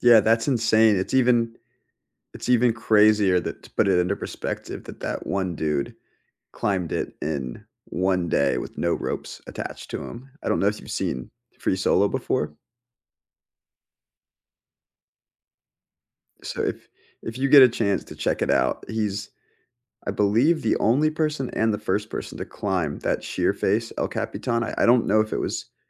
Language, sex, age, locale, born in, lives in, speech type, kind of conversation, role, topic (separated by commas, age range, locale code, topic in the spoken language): English, male, 25-29, en-US, United States, United States, conversation, unstructured, none, What hobby have you tried but gave up on quickly?